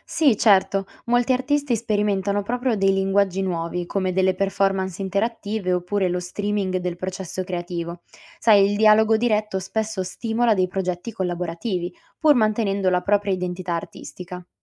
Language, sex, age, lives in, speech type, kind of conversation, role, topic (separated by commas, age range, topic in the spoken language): Italian, female, 20-24, Italy, read, expert-assistant, expert, Cosa cambia nel modo in cui condividiamo e viviamo l’arte con le tecnologie digitali?